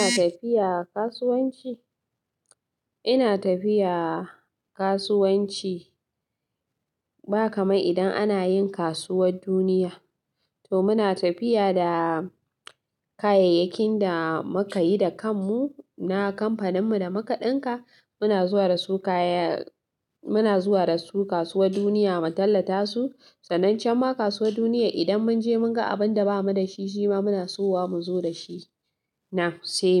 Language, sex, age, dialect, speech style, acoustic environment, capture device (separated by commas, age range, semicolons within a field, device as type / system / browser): Hausa, female, 25-34, Nigeria - Katsina, happy, people talking; silence, mobile / Linux / Chrome